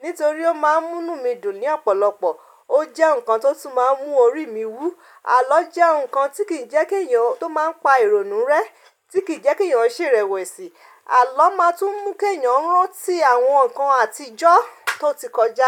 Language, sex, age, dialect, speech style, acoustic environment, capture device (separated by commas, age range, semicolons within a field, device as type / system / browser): Yoruba, female, 35-44, Nigeria - Ibadan, relaxed; focused; stressed, nature; silence, mobile / Linux / Chrome